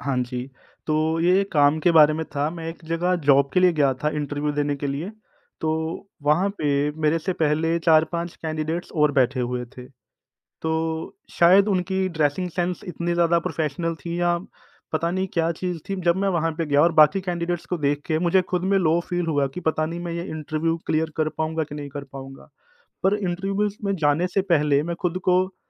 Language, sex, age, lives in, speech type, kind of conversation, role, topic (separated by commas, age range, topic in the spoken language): Hindi, male, 30-34, India, conversation, advice, user, पहली मुलाकात में खुद को छोटा महसूस करना